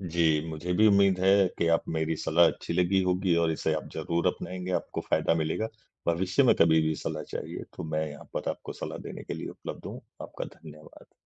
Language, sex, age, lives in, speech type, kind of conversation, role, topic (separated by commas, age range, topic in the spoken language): Hindi, male, 35-39, India, conversation, advice, advisor, स्वतंत्रता और प्रतिबद्धता के बीच संतुलन